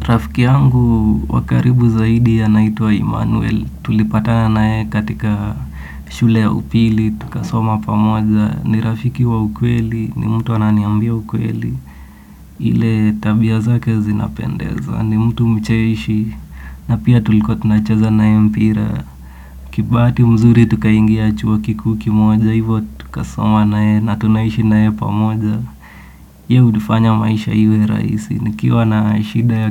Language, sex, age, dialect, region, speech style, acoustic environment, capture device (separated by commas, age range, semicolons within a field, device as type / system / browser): Swahili, male, 18-24, Kenya - Nairobi Sheng-influenced, Kenya, relaxed, silence, desktop / Windows / Edge